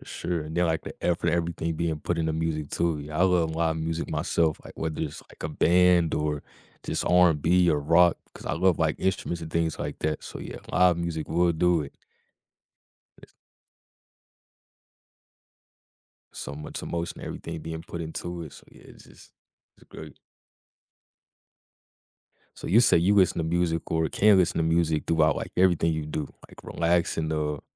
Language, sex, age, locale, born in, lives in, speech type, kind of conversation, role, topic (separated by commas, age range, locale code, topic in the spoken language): English, male, 20-24, en-US, United States, United States, conversation, podcast, host, In what ways does music shape your everyday experiences and emotions?